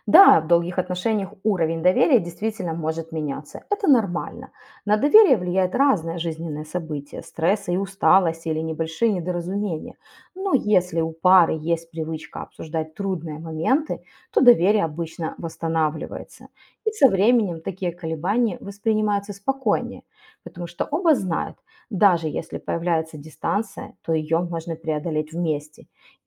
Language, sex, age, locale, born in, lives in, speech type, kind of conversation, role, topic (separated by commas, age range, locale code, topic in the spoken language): Russian, female, 35-39, ru-RU, Ukraine, Italy, read, expert-assistant, expert, Как научиться быть честным и тактичным одновременно в отношениях?